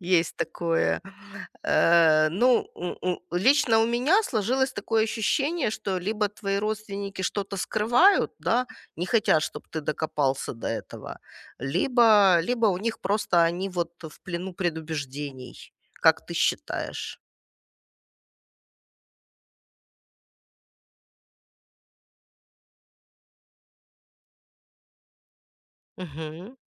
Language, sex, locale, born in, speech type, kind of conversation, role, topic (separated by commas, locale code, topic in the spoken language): Russian, female, ru-RU, Ukraine, conversation, advice, advisor, Какое личное наследие я хочу оставить после себя и почему это важно для меня?